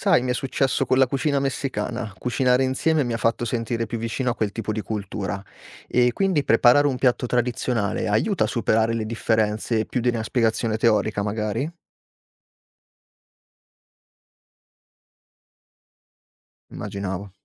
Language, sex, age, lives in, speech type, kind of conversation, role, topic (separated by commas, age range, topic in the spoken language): Italian, male, 25-29, Italy, read, expert-assistant, asker, Quale sarebbe una buona analogia per spiegare la differenza tra cucinare seguendo una ricetta precisa e cucinare 'a occhio'?